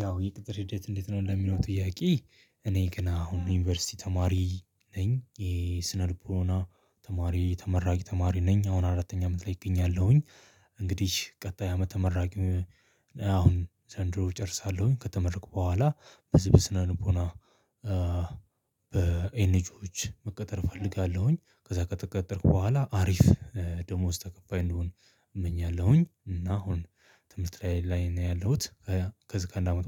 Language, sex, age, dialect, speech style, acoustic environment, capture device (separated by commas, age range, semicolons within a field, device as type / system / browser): Amharic, male, 25-34, Ethiopia - Addis Ababa, happy, people talking, mobile / Linux / Chrome